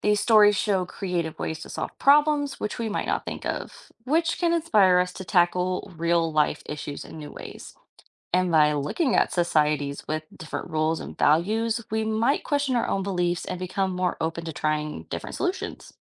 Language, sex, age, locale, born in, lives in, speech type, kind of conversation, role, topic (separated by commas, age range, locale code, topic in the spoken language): English, female, 25-29, en-US, United States, United States, read, expert-assistant, expert, How does reading fiction enhance empathy and understanding?